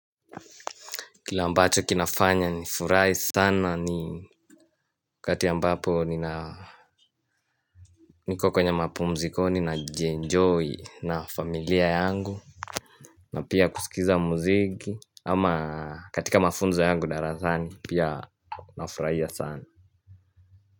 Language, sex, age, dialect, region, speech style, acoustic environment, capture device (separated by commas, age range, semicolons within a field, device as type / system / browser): Swahili, male, 18-24, Kenya - Mombasa, Kenya, happy; focused, silence; music, mobile / Linux / Chrome